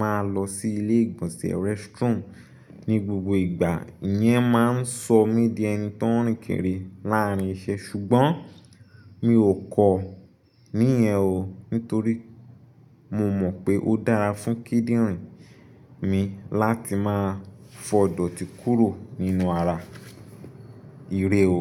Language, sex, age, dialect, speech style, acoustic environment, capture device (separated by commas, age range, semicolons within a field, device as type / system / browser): Yoruba, male, 25-34, Nigeria - Nigerian Standard, focused, appliances, desktop / Linux / Chrome